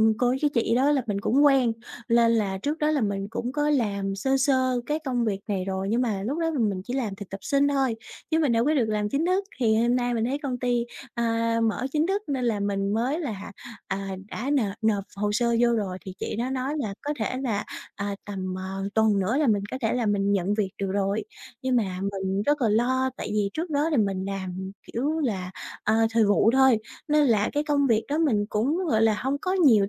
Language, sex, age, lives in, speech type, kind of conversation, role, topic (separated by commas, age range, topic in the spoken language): Vietnamese, female, 20-24, Vietnam, conversation, advice, user, Khi mới bắt đầu công việc mới, vì sao bạn lại nghi ngờ năng lực của mình?